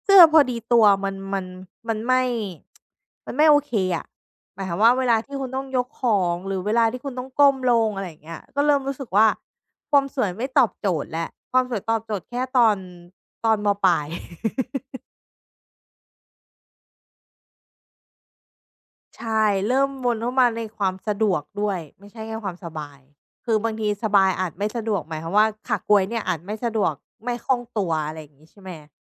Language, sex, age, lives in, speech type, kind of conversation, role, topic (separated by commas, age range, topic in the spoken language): Thai, female, 30-34, Thailand, conversation, podcast, guest, เวลาเลือกเสื้อผ้าหรือรองเท้า คุณให้ความสำคัญกับความสบายหรือความสวยก่อน?